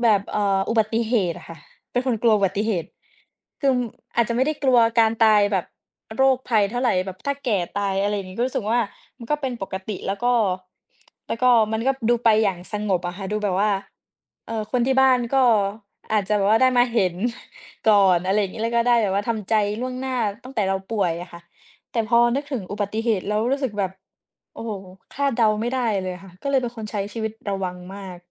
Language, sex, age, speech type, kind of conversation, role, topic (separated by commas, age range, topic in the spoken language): Thai, female, 20-24, conversation, unstructured, none, คุณเคยรู้สึกกลัวความตายไหม และทำไมถึงรู้สึกแบบนั้น?